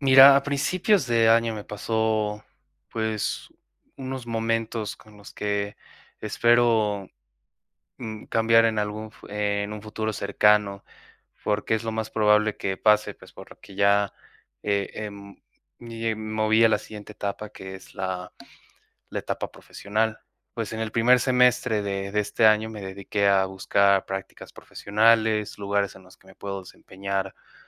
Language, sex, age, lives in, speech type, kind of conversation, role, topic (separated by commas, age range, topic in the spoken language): Spanish, male, 20-24, Mexico, conversation, advice, user, ¿Cómo puedo mantener mis hábitos durante períodos de estrés?